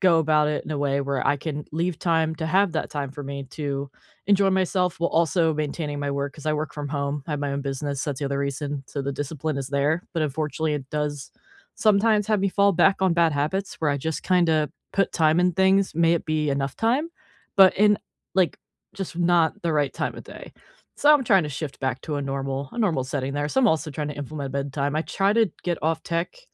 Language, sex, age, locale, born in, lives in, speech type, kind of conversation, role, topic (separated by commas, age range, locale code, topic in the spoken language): English, female, 25-29, en-US, United States, United States, conversation, unstructured, none, How can you balance tech, time, and sleep to feel more connected?